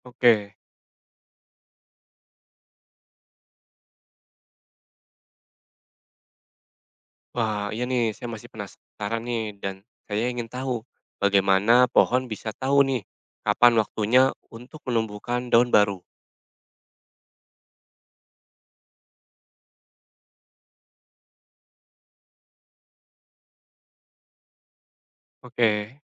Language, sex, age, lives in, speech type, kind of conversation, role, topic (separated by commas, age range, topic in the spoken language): Indonesian, male, 40-44, Indonesia, read, expert-assistant, asker, Mengapa daun berubah warna saat musim gugur?